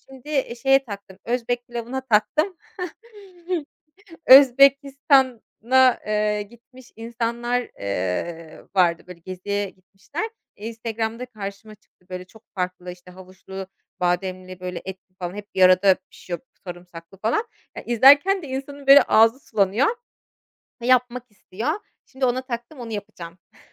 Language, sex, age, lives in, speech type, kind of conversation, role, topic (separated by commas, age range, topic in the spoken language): Turkish, female, 30-34, Germany, conversation, podcast, guest, Yemek yapmayı öğrenmek isteyen birine nereden başlamasını önerirsin?